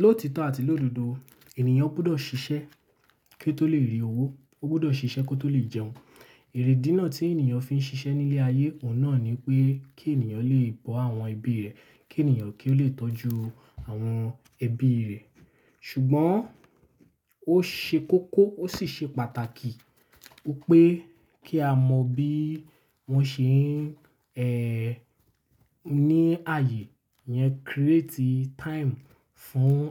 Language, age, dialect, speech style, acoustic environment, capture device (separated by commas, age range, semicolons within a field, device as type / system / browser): Yoruba, 18-24, Nigeria - Lagos Yoruba, relaxed, nature, mobile / Linux / Chrome